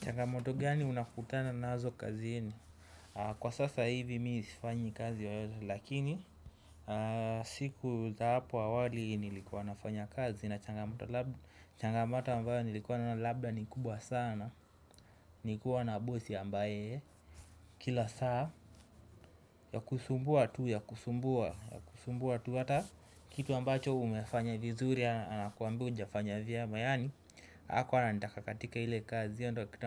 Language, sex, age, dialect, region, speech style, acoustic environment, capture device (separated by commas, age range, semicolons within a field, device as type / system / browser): Swahili, male, 25-34, Kenya - Mombasa, Kenya, happy, people talking, desktop / Windows / Chrome